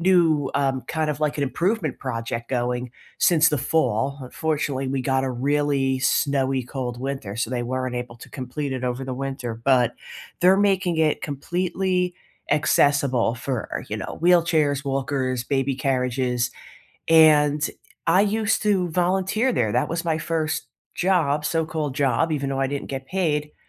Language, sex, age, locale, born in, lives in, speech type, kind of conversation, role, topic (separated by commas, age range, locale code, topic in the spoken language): English, female, 30-34, en-US, United States, United States, conversation, unstructured, none, What are your favorite scenic walking or biking routes around here?